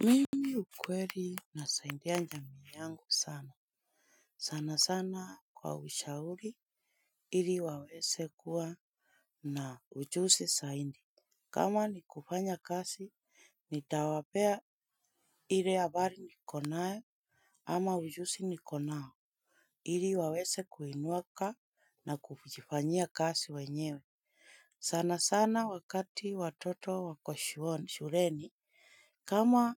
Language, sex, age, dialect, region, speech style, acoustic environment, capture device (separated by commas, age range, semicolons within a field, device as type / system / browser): Swahili, female, 25-34, Kenya - Nairobi Sheng-influenced, Kenya, relaxed; happy, silence, mobile / Linux / Chrome